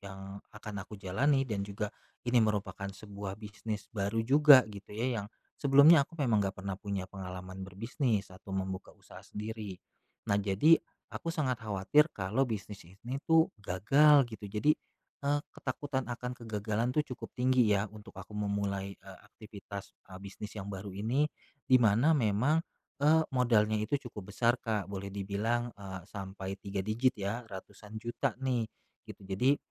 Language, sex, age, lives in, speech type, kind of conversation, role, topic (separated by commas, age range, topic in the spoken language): Indonesian, male, 35-39, Indonesia, conversation, advice, user, Bagaimana cara mengatasi rasa takut gagal saat memulai aktivitas baru?